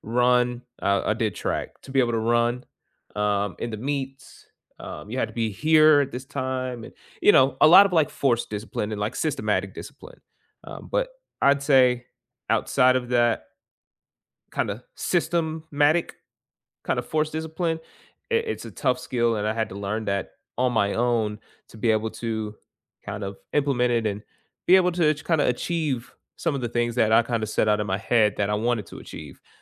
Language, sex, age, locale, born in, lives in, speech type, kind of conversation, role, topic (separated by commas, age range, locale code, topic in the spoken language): English, male, 25-29, en-US, United States, United States, conversation, unstructured, none, What is the most valuable real-life skill you learned outside of school, and how did you learn it?